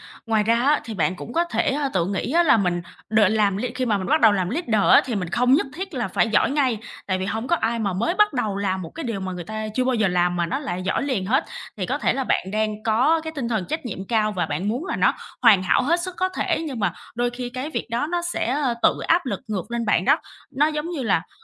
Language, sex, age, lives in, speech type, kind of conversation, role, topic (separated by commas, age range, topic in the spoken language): Vietnamese, female, 25-29, Vietnam, conversation, advice, advisor, Làm thế nào để vượt qua nỗi sợ khi thử điều mới?